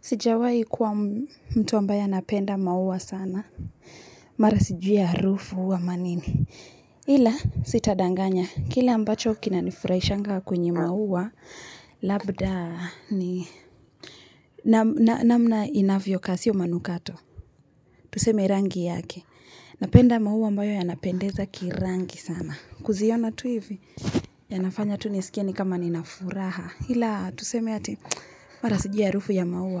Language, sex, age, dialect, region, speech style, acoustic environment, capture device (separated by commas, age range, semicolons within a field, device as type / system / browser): Swahili, female, 25-34, Kenya - Nairobi Sheng-influenced, Kenya, relaxed, people talking; nature, mobile / Linux / Chrome